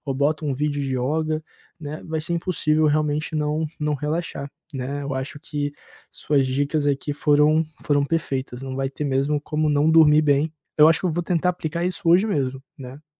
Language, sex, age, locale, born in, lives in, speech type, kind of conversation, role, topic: Portuguese, male, 25-29, pt-BR, Brazil, Portugal, conversation, advice, user, Por que tenho dificuldade para adormecer mesmo estando cansado?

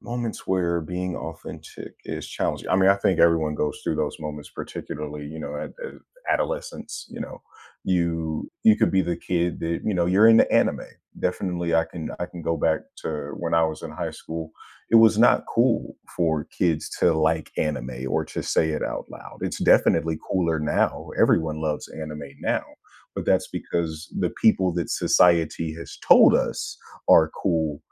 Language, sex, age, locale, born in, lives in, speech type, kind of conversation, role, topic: English, male, 30-34, en-US, United States, United States, conversation, podcast, guest, How do you stay true to yourself while navigating different social situations?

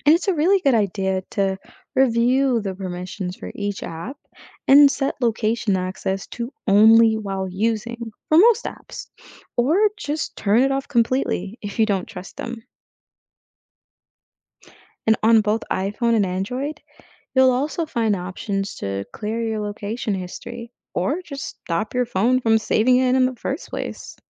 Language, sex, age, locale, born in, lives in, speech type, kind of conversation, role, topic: English, female, 25-29, en-US, United States, United States, read, expert-assistant, expert, How do phones determine and manage your location, and what happens to your location data?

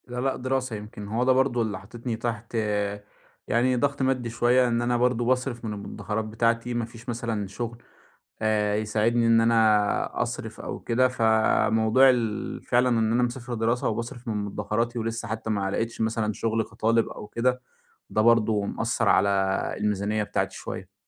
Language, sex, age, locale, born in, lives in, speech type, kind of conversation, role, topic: Arabic, male, 25-29, ar-EG, Egypt, Egypt, conversation, advice, user, إزاي أعتني بصحتي بعد ما انتقلت لبيئة جديدة؟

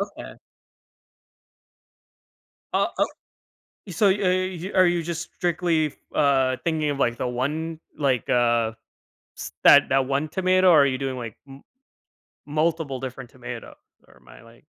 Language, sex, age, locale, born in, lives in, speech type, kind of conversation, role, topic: English, male, 25-29, en-US, United States, United States, conversation, podcast, host, How have your hobbies shaped who you are and what you value?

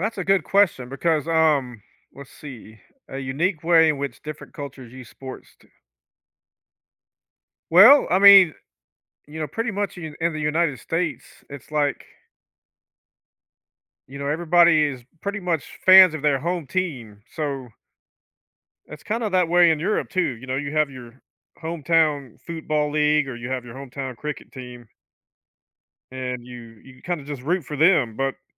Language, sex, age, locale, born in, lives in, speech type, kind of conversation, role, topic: English, male, 50-54, en-US, United States, United States, conversation, podcast, guest, Why do you think sports are such a powerful force for building connections among people?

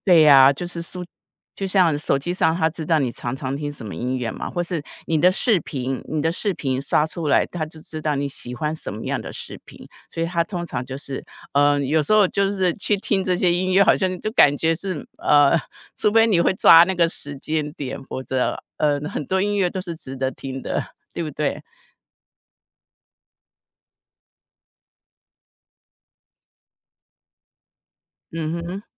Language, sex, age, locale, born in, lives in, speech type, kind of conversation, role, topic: Chinese, female, 55-59, zh-TW, Taiwan, United States, conversation, podcast, host, 社交媒体是如何改变你发现音乐的方式的？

